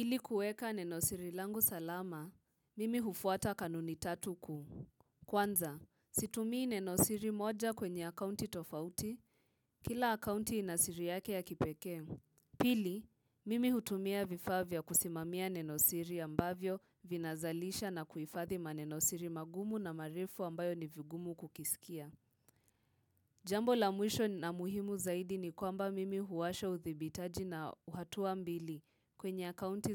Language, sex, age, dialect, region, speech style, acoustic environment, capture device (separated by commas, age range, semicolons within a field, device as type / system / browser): Swahili, female, 18-24, Kenya - Nairobi Sheng-influenced, Kenya, focused, silence, mobile / Linux / Chrome